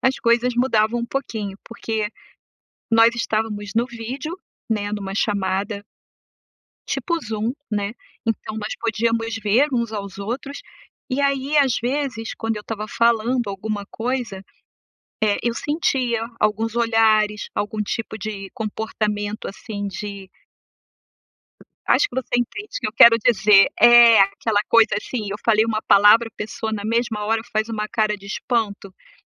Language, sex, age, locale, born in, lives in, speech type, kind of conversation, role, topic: Portuguese, female, 55-59, pt-BR, Brazil, United States, conversation, advice, user, Como posso tomar iniciativa em grupos sem ter medo do julgamento alheio?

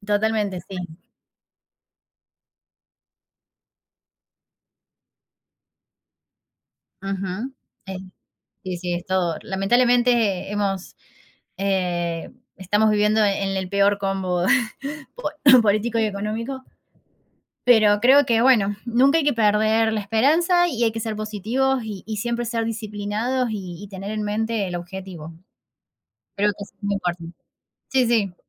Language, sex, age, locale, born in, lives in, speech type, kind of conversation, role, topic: Spanish, female, 30-34, es-AR, Argentina, Netherlands, conversation, unstructured, none, ¿Qué te gustaría lograr antes de cumplir 30 años?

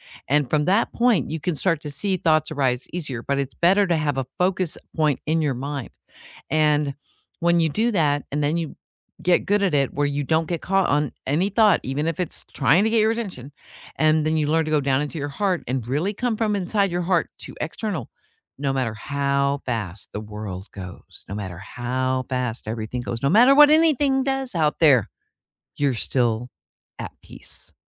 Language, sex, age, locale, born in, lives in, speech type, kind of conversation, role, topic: English, female, 55-59, en-US, United States, United States, conversation, unstructured, none, What hobbies have you discovered through a show, movie, or podcast?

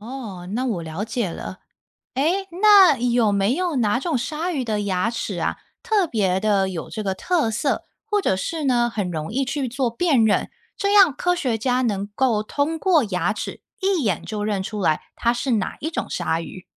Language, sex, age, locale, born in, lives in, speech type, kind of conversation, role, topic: Chinese, female, 30-34, zh-TW, Taiwan, United States, read, expert-assistant, asker, 为什么鲨鱼不断换牙齿？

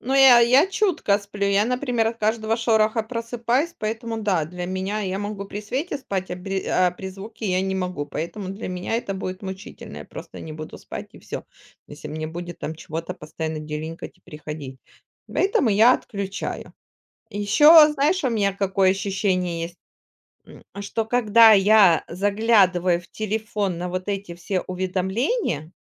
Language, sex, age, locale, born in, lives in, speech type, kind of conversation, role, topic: Russian, female, 40-44, ru-RU, Ukraine, Spain, conversation, podcast, guest, Как не утонуть в уведомлениях на телефоне?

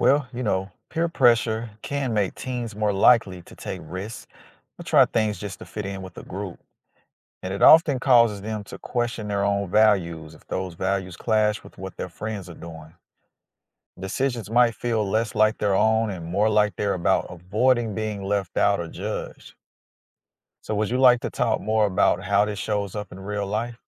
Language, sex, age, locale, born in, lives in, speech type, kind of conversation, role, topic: English, male, 35-39, en-US, United States, United States, read, expert-assistant, expert, How does peer pressure affect adolescent decision-making?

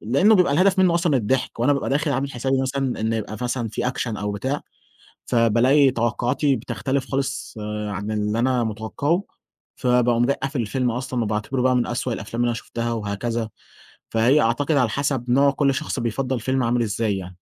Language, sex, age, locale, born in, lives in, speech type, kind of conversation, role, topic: Arabic, male, 20-24, ar-EG, Egypt, Egypt, conversation, podcast, guest, إزاي بتختار تتفرّج على إيه لما تكون الاختيارات كتير؟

